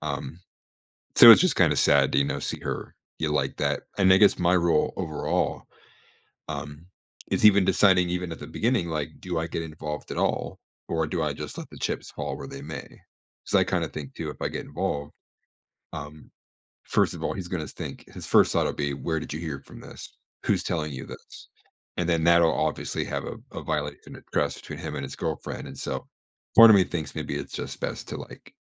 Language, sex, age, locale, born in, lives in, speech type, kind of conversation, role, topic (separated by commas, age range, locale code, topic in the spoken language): English, male, 25-29, en-US, United States, United States, conversation, advice, user, How do I know if I'm ready to get engaged?